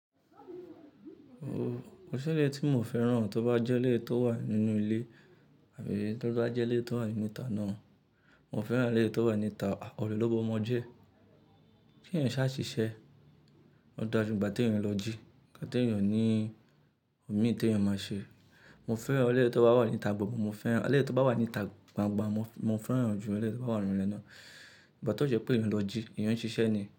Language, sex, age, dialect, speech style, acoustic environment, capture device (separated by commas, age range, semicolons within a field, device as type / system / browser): Yoruba, male, 25-34, Nigeria - Lagos Yoruba, happy, silence, mobile / Linux / Chrome